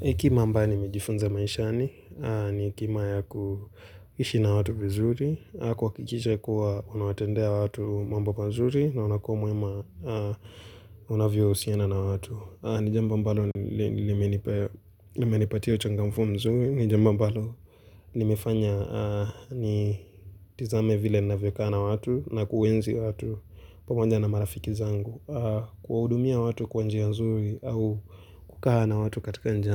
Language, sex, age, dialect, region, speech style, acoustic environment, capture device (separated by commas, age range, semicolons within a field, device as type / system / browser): Swahili, male, 18-24, Kenya - Nairobi Sheng-influenced, Kenya, relaxed, nature, mobile / Linux / Chrome